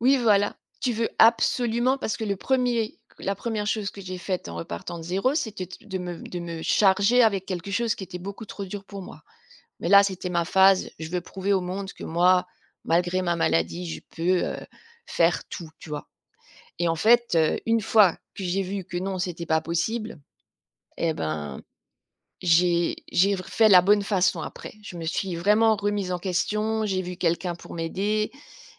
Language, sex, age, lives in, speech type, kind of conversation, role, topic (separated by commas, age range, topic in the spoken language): French, female, 45-49, France, conversation, podcast, guest, Quels conseils donnerais‑tu à quelqu’un qui souhaite repartir de zéro ?